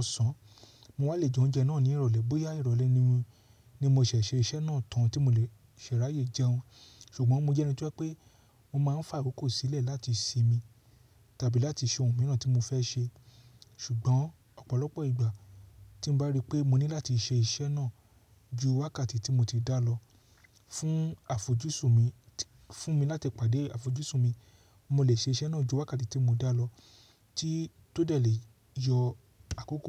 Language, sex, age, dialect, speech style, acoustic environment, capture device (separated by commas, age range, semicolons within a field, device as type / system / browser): Yoruba, male, 35-44, Nigeria - Lagos Yoruba, relaxed; excited, silence, mobile / Linux / Chrome